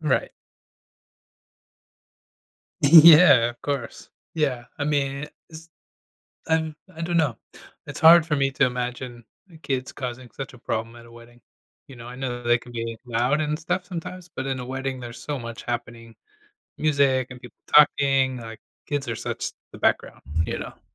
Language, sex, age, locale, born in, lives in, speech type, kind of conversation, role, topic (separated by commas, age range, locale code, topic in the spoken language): English, male, 35-39, en-US, United States, United States, conversation, advice, advisor, How can I prepare to feel less anxious before an event?